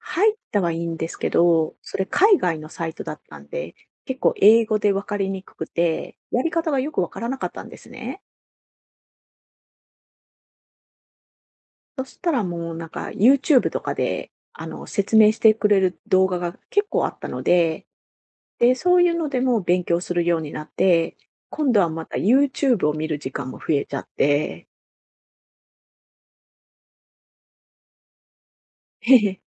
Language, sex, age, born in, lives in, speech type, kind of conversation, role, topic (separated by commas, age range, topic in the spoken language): Japanese, female, 45-49, Japan, Japan, conversation, advice, user, 情報過多で自分の着想が埋もれてしまうとき、どう整理すればよいですか？